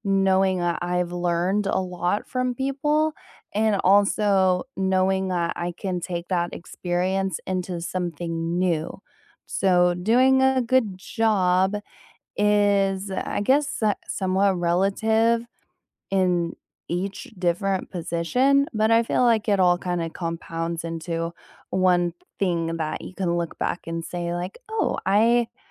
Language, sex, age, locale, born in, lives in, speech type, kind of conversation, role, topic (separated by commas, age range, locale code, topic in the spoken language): English, female, 20-24, en-US, United States, United States, conversation, unstructured, none, How has your idea of a good job evolved from your first gigs to now, and why?